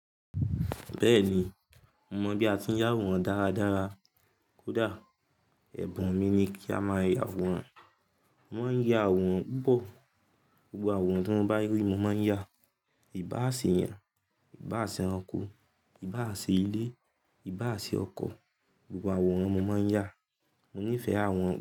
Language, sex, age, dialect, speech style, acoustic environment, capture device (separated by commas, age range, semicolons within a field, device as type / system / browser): Yoruba, male, 18-24, Nigeria - Ibadan, focused, silence, mobile / Linux / Chrome